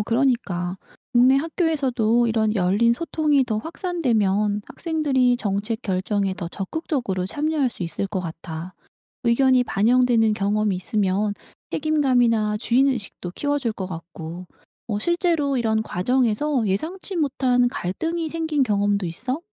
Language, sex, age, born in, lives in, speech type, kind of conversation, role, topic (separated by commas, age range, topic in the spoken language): Korean, female, 55-59, South Korea, South Korea, read, expert-assistant, asker, 학교에서 실생활에 더 도움이 되는 교육 정책은 어떤 게 있을까요?